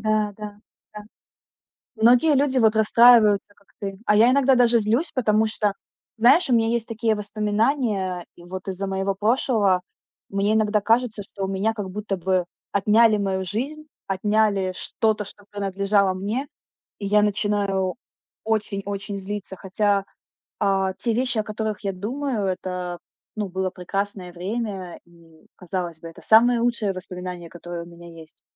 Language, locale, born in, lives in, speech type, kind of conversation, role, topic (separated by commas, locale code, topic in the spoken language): Russian, ru-RU, Ukraine, Estonia, conversation, unstructured, none, Почему иногда воспоминания вызывают у тебя злость?